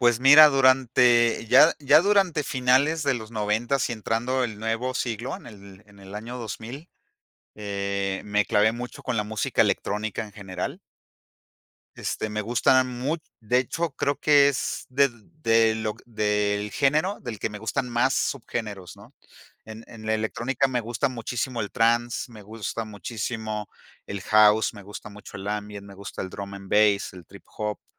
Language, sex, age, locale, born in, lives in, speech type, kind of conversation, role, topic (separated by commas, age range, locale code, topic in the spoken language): Spanish, male, 50-54, es-MX, Mexico, Mexico, conversation, podcast, guest, ¿Cómo describirías tu identidad musical hoy en día?